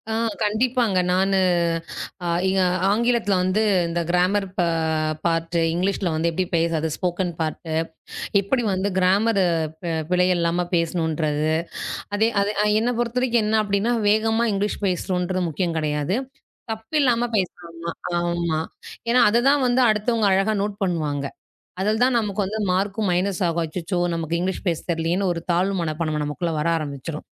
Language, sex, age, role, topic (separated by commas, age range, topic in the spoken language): Tamil, female, 35-39, guest, நாள்தோறும் சிறு பழக்கங்களை உருவாக்கி பயனுள்ள ஈடுபாட்டைப் பெறுவது எப்படி?